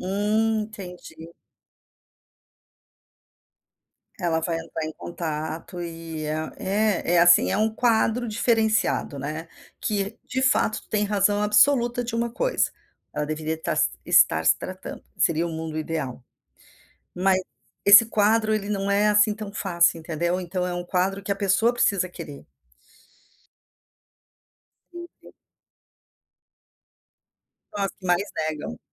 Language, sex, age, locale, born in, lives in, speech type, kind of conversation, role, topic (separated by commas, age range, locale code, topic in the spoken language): Portuguese, female, 55-59, pt-BR, Brazil, United States, conversation, advice, advisor, Como lidar com brigas constantes com meu irmão causadas por diferenças de personalidade?